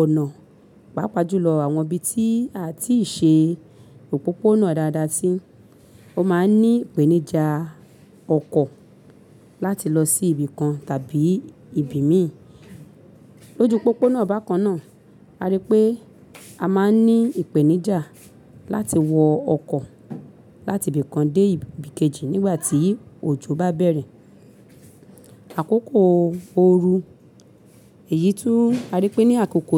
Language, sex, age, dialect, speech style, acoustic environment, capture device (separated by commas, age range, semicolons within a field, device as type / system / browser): Yoruba, female, 35-44, Nigeria - Lagos Yoruba, happy; excited; focused, people talking; silence, mobile / Linux / Chrome